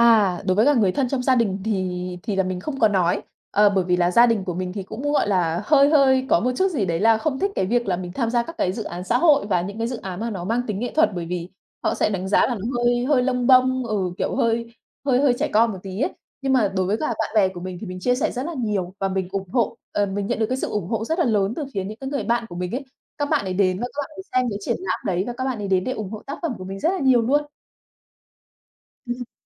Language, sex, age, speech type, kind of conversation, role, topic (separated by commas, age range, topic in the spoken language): Vietnamese, female, 30-34, conversation, podcast, guest, Bạn tự hào nhất về dự án sáng tạo nào?